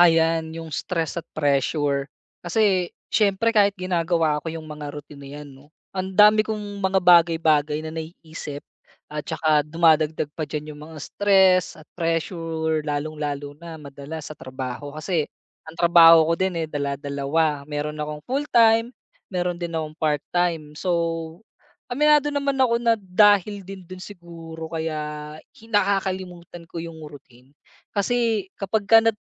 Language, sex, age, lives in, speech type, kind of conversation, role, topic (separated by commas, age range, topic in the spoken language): Filipino, male, 30-34, Philippines, conversation, advice, user, Paano ako makakabuo ng simple at araw-araw na rutina ng pagninilay-malay?